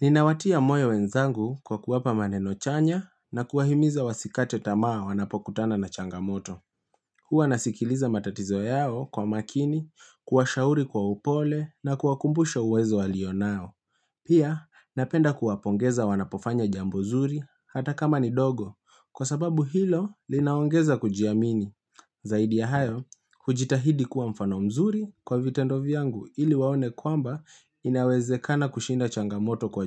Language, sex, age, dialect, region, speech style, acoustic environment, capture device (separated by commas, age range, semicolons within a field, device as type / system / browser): Swahili, male, 25-34, Kenya - Nairobi Sheng-influenced, Kenya, relaxed; focused, appliances; nature, mobile / Linux / Chrome